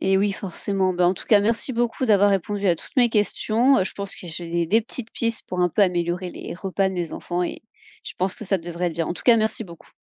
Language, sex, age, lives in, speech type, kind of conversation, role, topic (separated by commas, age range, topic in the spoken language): French, female, 30-34, France, read, expert-assistant, asker, À quoi pourrait-on comparer la circulation du sang dans le corps humain dans la vie de tous les jours ?